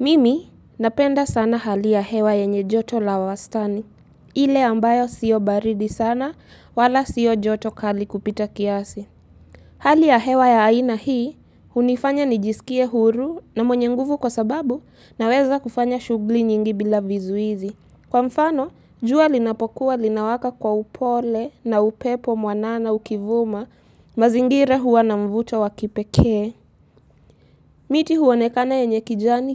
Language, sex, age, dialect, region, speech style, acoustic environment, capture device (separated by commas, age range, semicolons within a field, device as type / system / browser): Swahili, female, 18-24, Kenya - Mombasa, Kenya, happy, nature, mobile / Linux / Chrome